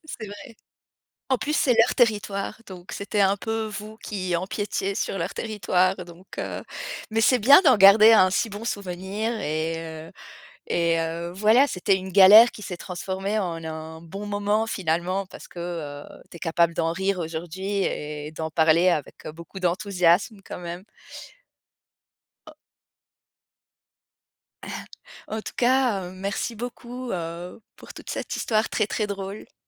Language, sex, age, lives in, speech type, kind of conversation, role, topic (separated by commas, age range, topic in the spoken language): French, female, 35-39, France, conversation, podcast, host, Quelle galère de voyage te fait encore rire aujourd’hui ?